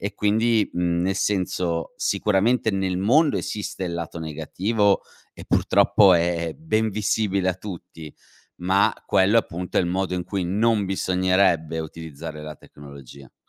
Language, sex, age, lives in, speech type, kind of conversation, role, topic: Italian, male, 35-39, Italy, conversation, podcast, guest, In che modo la tecnologia sta cambiando il modo in cui impariamo oggi?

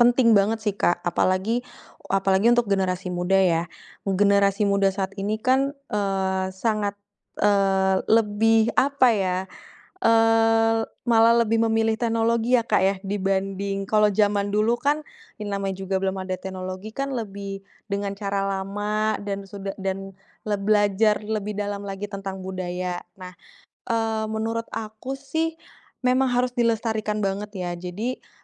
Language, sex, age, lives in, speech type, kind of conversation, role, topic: Indonesian, female, 30-34, Indonesia, conversation, podcast, guest, Warisan budaya keluarga apa yang paling berkesan untuk kamu?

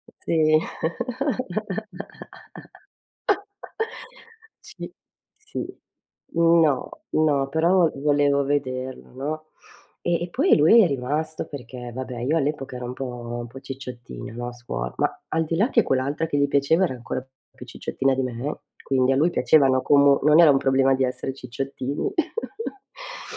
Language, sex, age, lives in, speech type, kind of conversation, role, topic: Italian, female, 50-54, Italy, conversation, unstructured, none, Come hai incontrato la tua prima cotta?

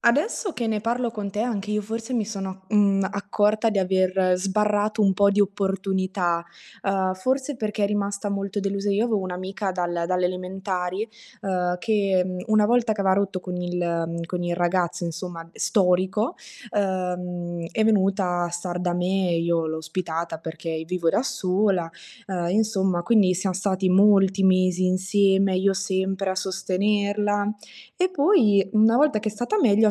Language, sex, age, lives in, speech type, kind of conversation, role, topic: Italian, female, 20-24, Italy, conversation, unstructured, none, Come affronti la paura di non essere accettato dagli altri?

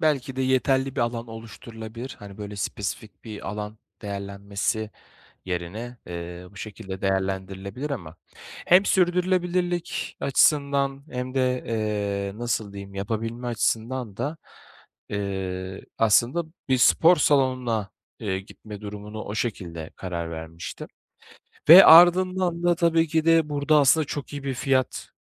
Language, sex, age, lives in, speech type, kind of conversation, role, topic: Turkish, male, 25-29, Bulgaria, conversation, advice, user, Hobilerimi iş ve okul hayatımla günlük yaşamda nasıl dengede tutabilirim?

